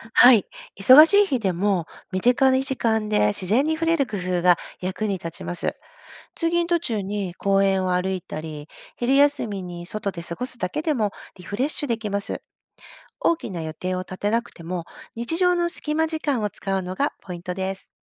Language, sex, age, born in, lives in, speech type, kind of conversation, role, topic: Japanese, female, 50-54, Japan, Japan, read, expert-assistant, expert, 忙しい毎日にアウトドアを楽しむにはどうしたらいいですか？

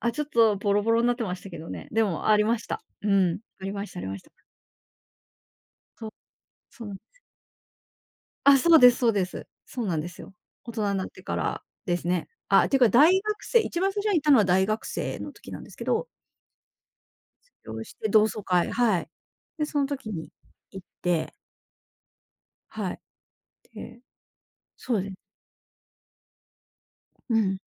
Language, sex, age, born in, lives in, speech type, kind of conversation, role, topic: Japanese, female, 45-49, Japan, Japan, conversation, podcast, guest, 秘密基地や隠れ家の思い出はありますか？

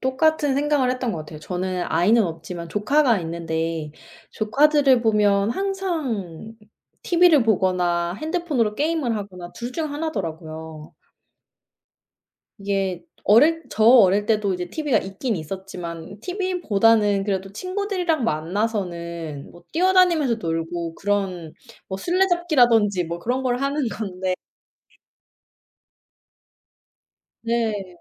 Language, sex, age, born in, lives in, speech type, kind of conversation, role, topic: Korean, female, 25-29, South Korea, United States, conversation, unstructured, none, 어린 시절에 가장 좋아했던 놀이 활동은 지금의 당신에게 어떤 영향을 미쳤나요?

